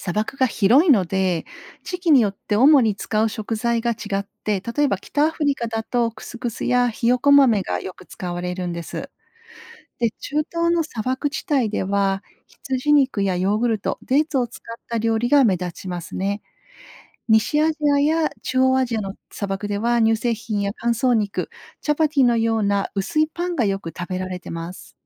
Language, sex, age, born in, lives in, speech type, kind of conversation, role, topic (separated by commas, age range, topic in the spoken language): Japanese, female, 50-54, Japan, Japan, read, expert-assistant, expert, なぜ砂漠では昼と夜の気温差が大きいのか説明してください